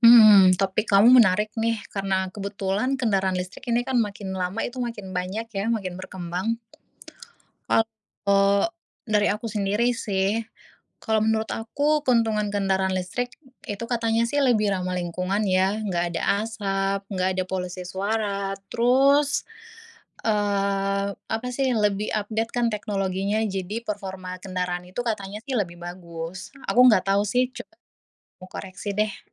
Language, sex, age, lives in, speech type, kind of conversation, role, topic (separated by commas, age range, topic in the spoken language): Indonesian, female, 25-29, Indonesia, conversation, unstructured, none, Bagaimana pendapatmu tentang penggunaan kendaraan listrik?